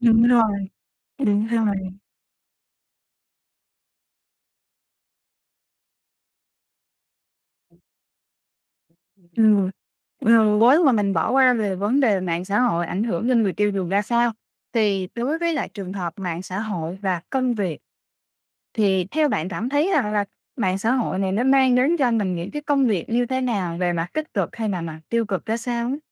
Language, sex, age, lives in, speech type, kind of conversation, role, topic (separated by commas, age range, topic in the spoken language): Vietnamese, female, 25-29, Vietnam, conversation, podcast, host, Bạn nghĩ mạng xã hội đã thay đổi cách chúng ta thưởng thức giải trí như thế nào?